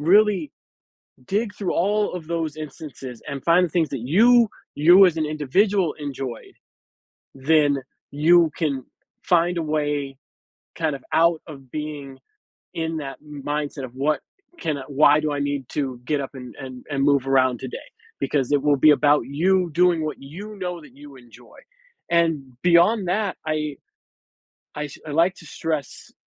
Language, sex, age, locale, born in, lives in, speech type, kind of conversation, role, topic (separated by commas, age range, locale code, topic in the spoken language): English, male, 40-44, en-US, United States, United States, conversation, advice, advisor, How can I move forward after a recent loss?